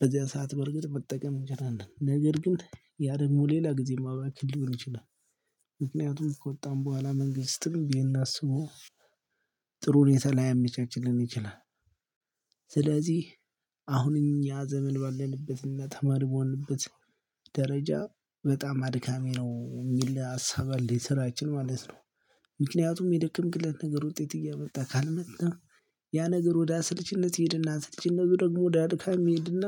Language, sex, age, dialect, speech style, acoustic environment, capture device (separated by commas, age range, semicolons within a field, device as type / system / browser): Amharic, male, 25-34, Ethiopia - Gojjam, happy; focused, silence, mobile / Linux / Chrome